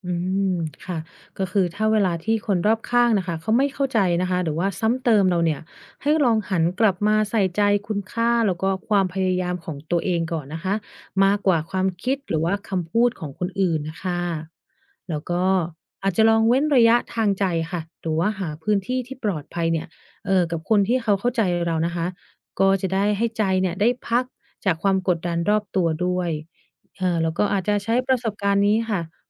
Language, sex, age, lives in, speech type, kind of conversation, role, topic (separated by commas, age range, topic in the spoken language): Thai, female, 30-34, United States, read, expert-assistant, expert, การเรียนรู้จากความล้มเหลว